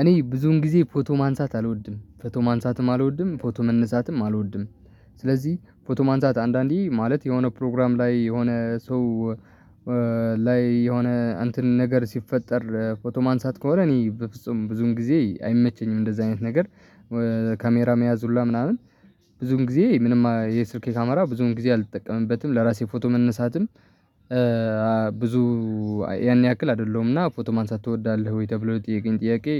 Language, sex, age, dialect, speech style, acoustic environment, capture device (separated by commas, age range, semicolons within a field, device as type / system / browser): Amharic, male, 18-24, Ethiopia - Tigray, relaxed, traffic; people talking, mobile / Linux / Chrome